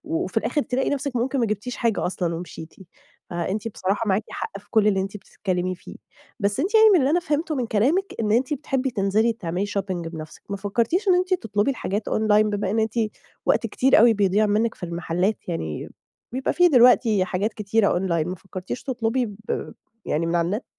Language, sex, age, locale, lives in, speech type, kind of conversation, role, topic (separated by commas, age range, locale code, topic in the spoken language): Arabic, female, 30-34, ar-EG, Egypt, conversation, advice, advisor, إزاي أشتري حاجات كويسة من غير ما أضيّع وقتي ولا فلوسي؟